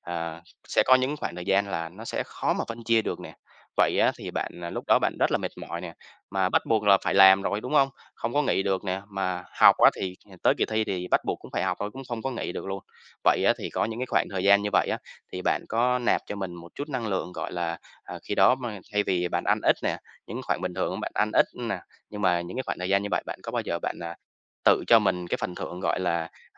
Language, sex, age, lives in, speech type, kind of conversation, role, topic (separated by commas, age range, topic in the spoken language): Vietnamese, male, 25-29, Vietnam, conversation, podcast, host, Bạn cân bằng giữa công việc và việc học như thế nào?